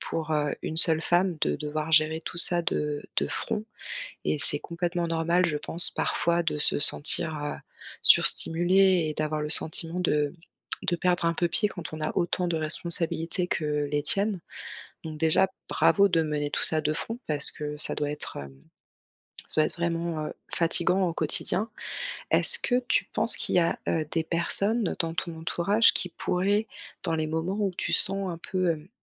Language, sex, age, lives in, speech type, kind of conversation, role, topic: French, female, 35-39, France, conversation, advice, advisor, Comment puis-je magasiner sans me sentir dépassé et stressé ?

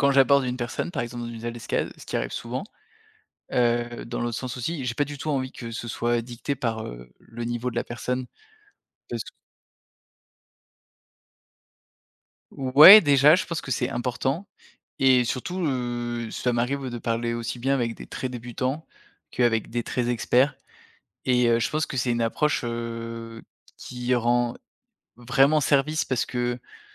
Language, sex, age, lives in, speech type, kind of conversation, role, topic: French, male, 30-34, France, conversation, podcast, guest, Comment trouves-tu des partenaires ou une communauté pour pratiquer ton loisir ?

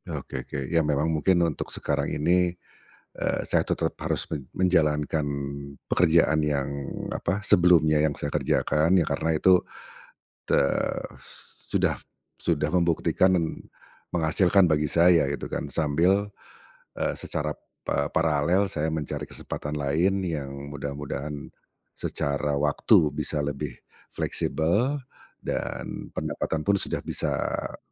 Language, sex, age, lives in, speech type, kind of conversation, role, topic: Indonesian, male, 45-49, Indonesia, conversation, advice, user, Bagaimana cara saya mengganti karier agar bisa menjalani hidup yang lebih seimbang?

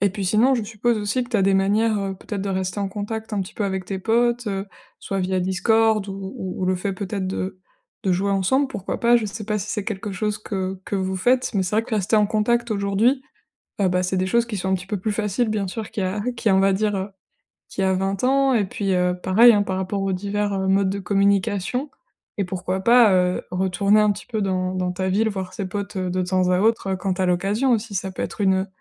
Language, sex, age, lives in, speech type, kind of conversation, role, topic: French, female, 25-29, France, conversation, advice, advisor, Comment trouver un bon équilibre entre vie sociale et temps seul ?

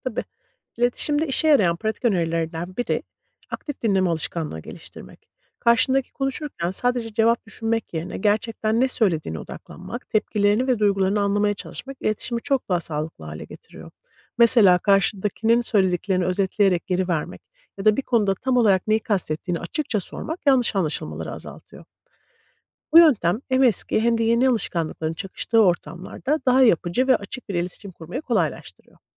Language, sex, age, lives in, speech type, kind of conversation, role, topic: Turkish, female, 40-44, United States, read, expert-assistant, expert, Farklı bir kültürde yaşamak insanı kalıcı olarak değiştirir mi?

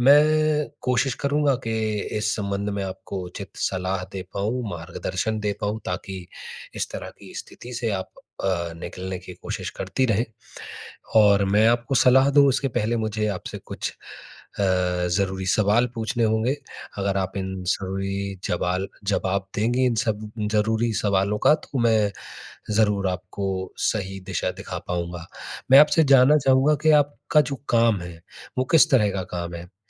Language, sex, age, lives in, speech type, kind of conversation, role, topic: Hindi, male, 30-34, India, conversation, advice, advisor, सीमाओं का उपयोग करके मैं अपनी रचनात्मक रुकावट कैसे दूर कर सकता/सकती हूँ?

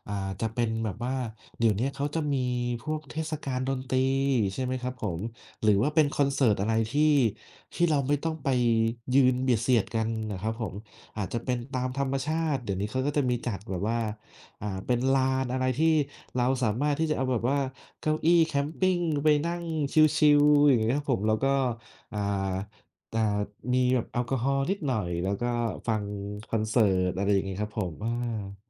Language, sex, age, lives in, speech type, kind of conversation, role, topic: Thai, male, 30-34, Thailand, conversation, unstructured, none, คุณเคยทำงานอดิเรกร่วมกับเพื่อนหรือครอบครัวไหม และสนุกไหม?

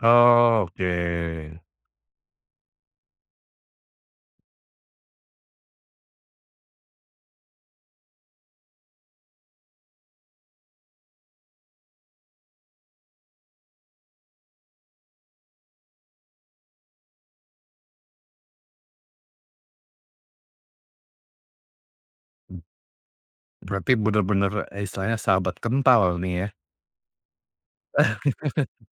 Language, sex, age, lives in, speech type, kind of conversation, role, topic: Indonesian, male, 40-44, Indonesia, conversation, podcast, host, Bagaimana kamu memulai percakapan yang sulit dengan teman dekat?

